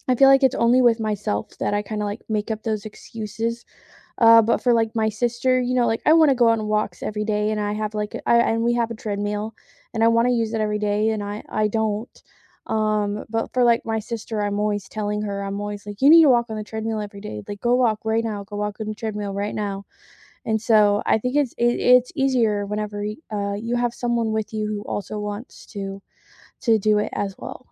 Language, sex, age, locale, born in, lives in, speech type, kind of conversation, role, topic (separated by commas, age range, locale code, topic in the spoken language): English, female, 20-24, en-US, United States, United States, conversation, unstructured, none, How do you deal with fear of failing when trying a new hobby?